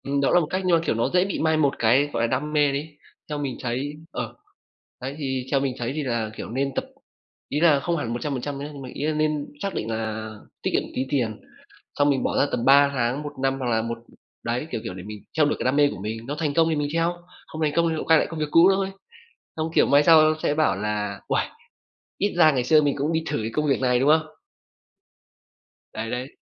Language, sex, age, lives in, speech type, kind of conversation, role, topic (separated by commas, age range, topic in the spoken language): Vietnamese, male, 25-29, Vietnam, conversation, unstructured, none, Theo bạn, điều gì tạo nên một cuộc sống ý nghĩa?